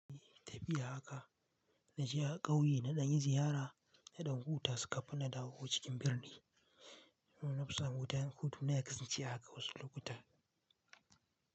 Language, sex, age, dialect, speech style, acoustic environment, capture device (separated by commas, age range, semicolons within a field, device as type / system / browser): Hausa, male, 18-24, Nigeria - Kano, relaxed; focused, silence, mobile / Linux / Chrome